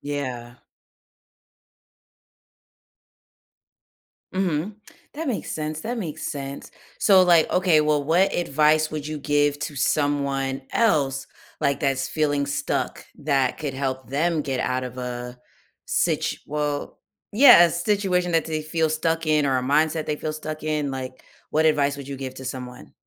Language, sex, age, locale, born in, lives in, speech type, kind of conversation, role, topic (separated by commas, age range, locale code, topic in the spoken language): English, female, 30-34, en-US, United States, United States, conversation, podcast, host, What helps you move forward during challenging times?